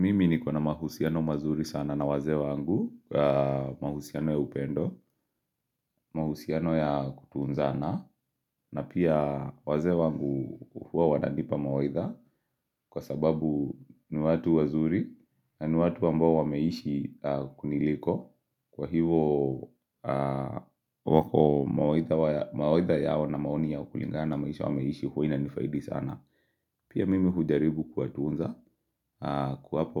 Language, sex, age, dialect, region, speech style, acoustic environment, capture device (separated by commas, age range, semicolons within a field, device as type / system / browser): Swahili, male, 25-34, Kenya - Nairobi Sheng-influenced, Kenya, relaxed; focused; excited, nature; silence; appliances, mobile / Linux / Chrome